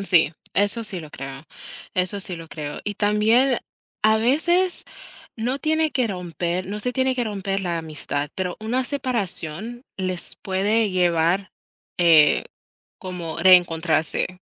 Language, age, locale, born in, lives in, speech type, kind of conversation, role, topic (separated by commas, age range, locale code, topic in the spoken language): Spanish, 35-39, es-US, United States, United States, conversation, unstructured, none, ¿Vale la pena mantener una amistad que solo genera conflictos?